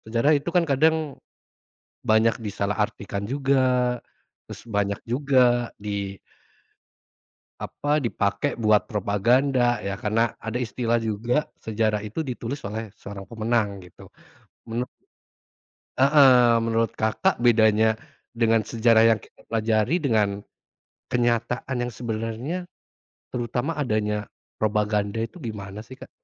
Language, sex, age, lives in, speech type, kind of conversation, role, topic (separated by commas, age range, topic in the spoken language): Indonesian, male, 30-34, Indonesia, conversation, unstructured, none, Seberapa besar pengaruh propaganda dalam membentuk sejarah menurutmu?